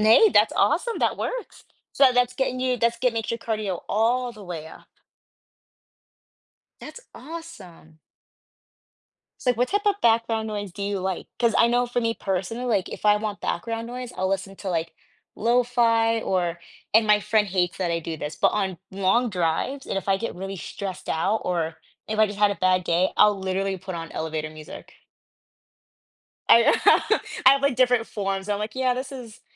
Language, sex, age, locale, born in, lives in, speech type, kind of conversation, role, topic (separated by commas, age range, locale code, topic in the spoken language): English, female, 25-29, en-US, United States, United States, conversation, podcast, host, What are effective ways to maintain healthy routines when life gets hectic?